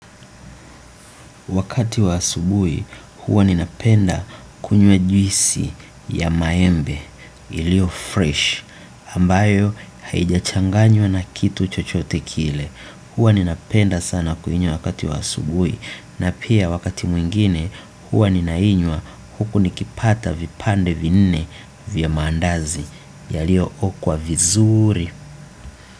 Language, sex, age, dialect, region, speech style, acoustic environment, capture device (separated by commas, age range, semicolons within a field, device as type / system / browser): Swahili, male, 25-34, Tanzania - Dar es Salaam, Tanzania, relaxed, silence; nature, desktop / Windows / Chrome